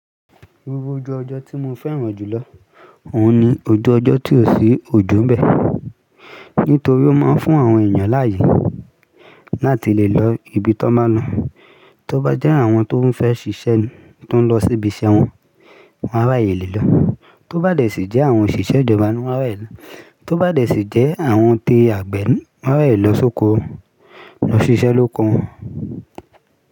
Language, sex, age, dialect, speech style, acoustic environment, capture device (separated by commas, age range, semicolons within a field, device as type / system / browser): Yoruba, male, 18-24, Nigeria - Lagos Yoruba, relaxed; excited, music; people talking; construction; traffic; appliances, mobile / Linux / Chrome